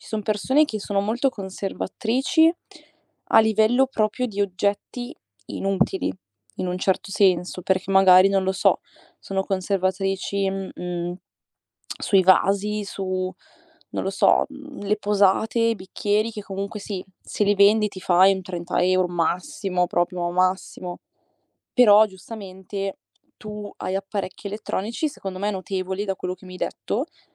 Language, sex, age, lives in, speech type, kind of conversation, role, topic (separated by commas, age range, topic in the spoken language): Italian, female, 20-24, Italy, conversation, advice, advisor, Perché fai fatica a eliminare gli oggetti che hai accumulato negli anni?